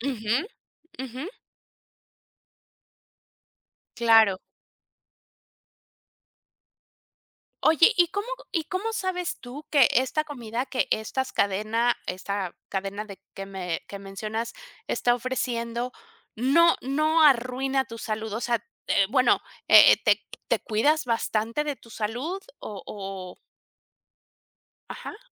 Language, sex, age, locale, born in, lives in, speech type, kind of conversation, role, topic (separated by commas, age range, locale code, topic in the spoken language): Spanish, female, 50-54, es-MX, Mexico, Mexico, conversation, podcast, host, ¿Cómo elegir comida rápida sin arruinar la salud?